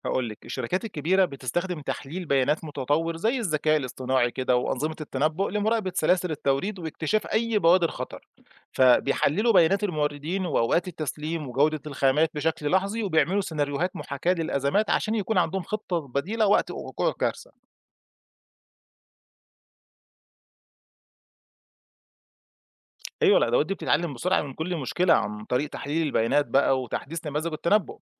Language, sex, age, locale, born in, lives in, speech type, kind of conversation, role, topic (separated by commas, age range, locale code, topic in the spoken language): Arabic, male, 35-39, ar-EG, Egypt, Egypt, read, expert-assistant, expert, إزاي بتشتغل سلاسل التوريد في الشركات الكبيرة، وليه بتعتبر جزء أساسي في نجاح البزنس؟